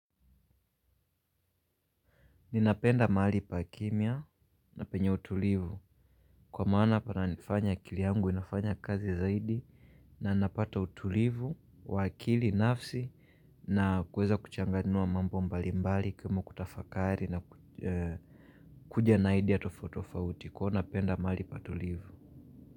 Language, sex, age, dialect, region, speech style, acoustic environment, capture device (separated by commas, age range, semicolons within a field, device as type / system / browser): Swahili, male, 25-34, Kenya - Mombasa, Kenya, relaxed; focused, silence, mobile / Linux / Chrome